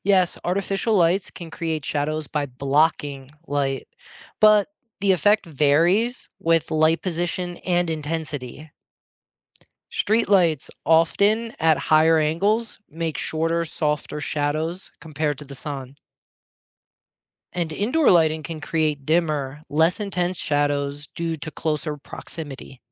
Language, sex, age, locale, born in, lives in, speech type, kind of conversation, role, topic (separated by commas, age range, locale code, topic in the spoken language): English, male, 40-44, en-US, United States, United States, read, expert-assistant, expert, What makes shadows grow longer?